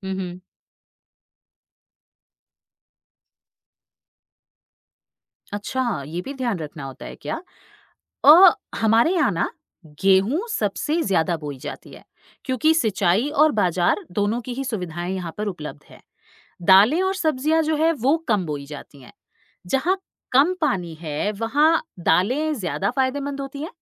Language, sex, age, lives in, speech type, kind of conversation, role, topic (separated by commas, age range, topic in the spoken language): Hindi, female, 35-39, India, read, expert-assistant, asker, क्या फसल चक्र और जैविक खेती से खेत की उर्वरता और आमदनी बढ़ सकती है?